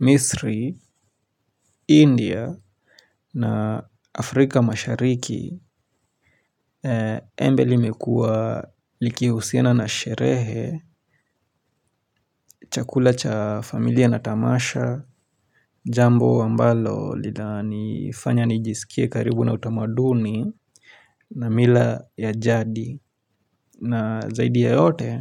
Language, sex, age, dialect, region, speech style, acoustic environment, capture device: Swahili, male, 25-34, Kenya - Nairobi Sheng-influenced, Kenya, relaxed; focused, silence, mobile / Linux / Chrome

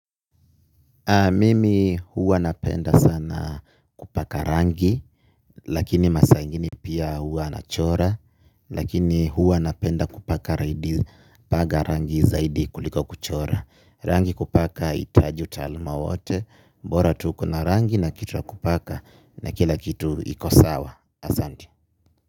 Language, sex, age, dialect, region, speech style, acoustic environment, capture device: Swahili, male, 25-34, Kenya - Nairobi Sheng-influenced, Kenya, anxious, people talking, mobile / Linux / Chrome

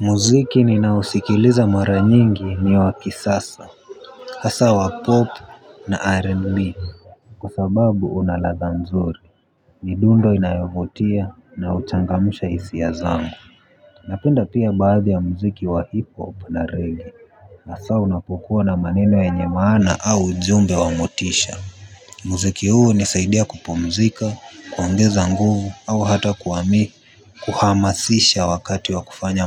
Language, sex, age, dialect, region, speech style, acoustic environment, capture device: Swahili, male, 18-24, Kenya - Nairobi Sheng-influenced, Kenya, focused; relaxed, traffic; people talking, mobile / Linux / Chrome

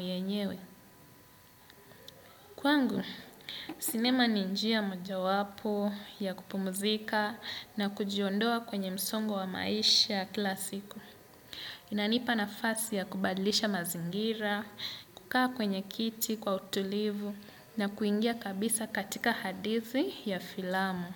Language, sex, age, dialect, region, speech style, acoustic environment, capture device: Swahili, male, 25-34, Kenya - Mombasa, Kenya, happy, silence, desktop / Windows / Chrome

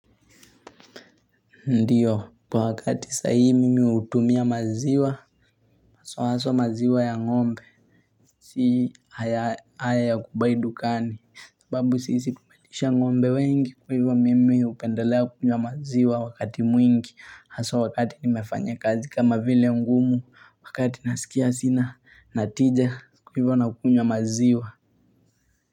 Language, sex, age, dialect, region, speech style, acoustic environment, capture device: Swahili, male, 18-24, Kenya - Mombasa, Kenya, focused; relaxed, people talking, mobile / Linux / Chrome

